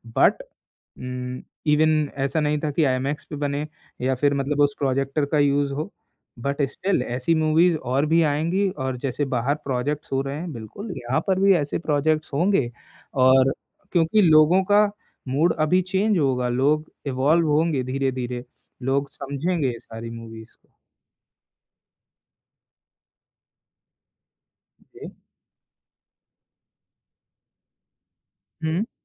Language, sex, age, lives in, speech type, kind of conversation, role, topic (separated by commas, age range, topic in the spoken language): Hindi, male, 20-24, India, conversation, podcast, guest, Kya streaming ne cinema ka maza kam kiya hai ya badhaya hai, tum kya kehte ho?